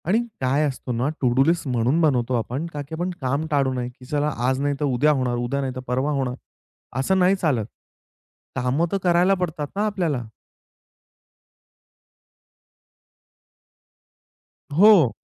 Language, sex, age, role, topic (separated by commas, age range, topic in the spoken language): Marathi, male, 25-29, guest, तुम्ही कामं नोंदवायची यादी कशी बनवता आणि ती रोजच्या कामांसाठी कशी वापरता?